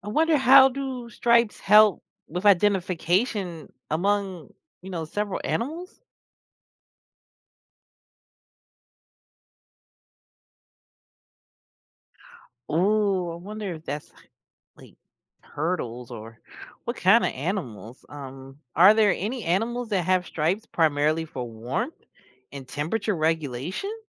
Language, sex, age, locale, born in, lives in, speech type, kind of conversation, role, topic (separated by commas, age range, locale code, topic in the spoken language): English, female, 40-44, en-US, United States, United States, read, expert-assistant, asker, Why do some animals have stripes?